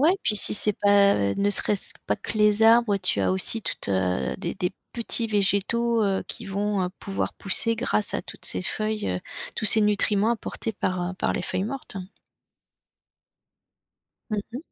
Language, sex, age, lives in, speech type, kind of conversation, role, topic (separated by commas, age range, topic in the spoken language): French, female, 50-54, France, conversation, podcast, host, Qu’est-ce qui provoque le changement de couleur des feuilles en automne ?